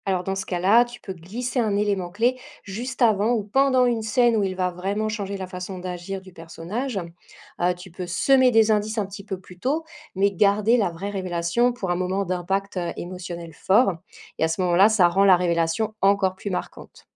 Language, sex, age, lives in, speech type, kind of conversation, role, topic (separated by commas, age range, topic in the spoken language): French, female, 40-44, France, read, expert-assistant, expert, Comment planifier l’écriture d’un roman sans se perdre en cours de route ?